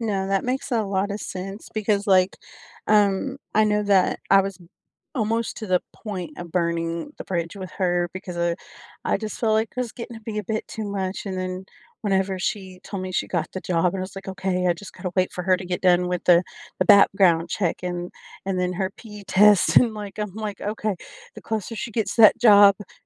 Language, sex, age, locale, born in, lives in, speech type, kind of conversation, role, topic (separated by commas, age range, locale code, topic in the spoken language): English, female, 35-39, en-US, United States, United States, conversation, unstructured, none, How can you manage meeting overload without burning bridges, protecting your focus while keeping relationships strong?